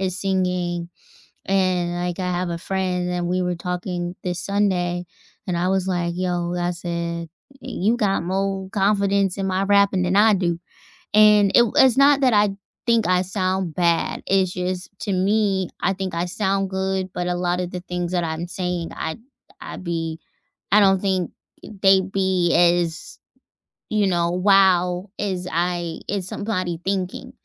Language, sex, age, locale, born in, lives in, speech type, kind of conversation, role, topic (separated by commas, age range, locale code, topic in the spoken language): English, female, 30-34, en-US, United States, United States, conversation, unstructured, none, What’s the most unexpected thing you’ve learned from a hobby?